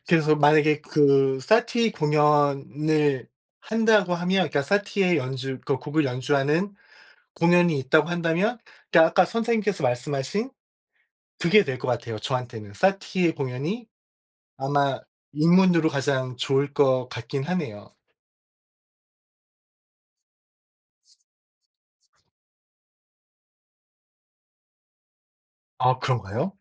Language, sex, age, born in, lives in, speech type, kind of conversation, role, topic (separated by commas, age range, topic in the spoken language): Korean, male, 45-49, South Korea, United States, conversation, unstructured, none, 콘서트나 공연에 가 본 적이 있으신가요? 어땠나요?